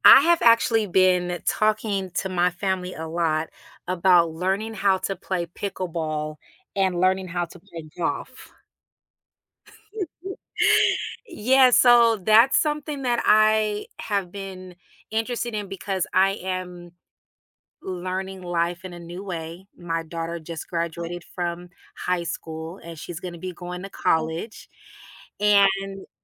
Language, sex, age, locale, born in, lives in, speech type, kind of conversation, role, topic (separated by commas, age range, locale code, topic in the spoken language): English, female, 40-44, en-US, United States, United States, conversation, podcast, guest, What motivates you to step outside your comfort zone and try new things?